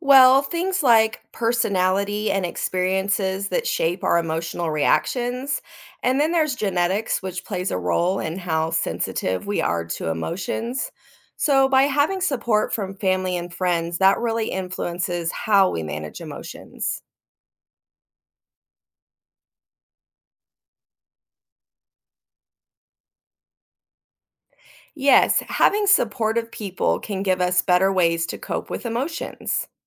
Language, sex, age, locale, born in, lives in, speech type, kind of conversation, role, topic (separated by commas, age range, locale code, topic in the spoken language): English, female, 40-44, en-US, United States, United States, read, expert-assistant, expert, How does the human brain regulate emotions?